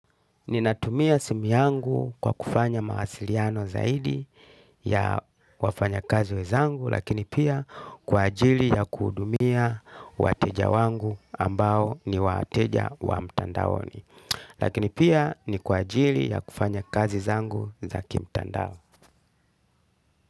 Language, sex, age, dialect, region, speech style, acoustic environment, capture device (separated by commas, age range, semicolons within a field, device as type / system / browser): Swahili, male, 35-44, Kenya - Mombasa, Kenya, happy, people talking; music, desktop / Linux / Chrome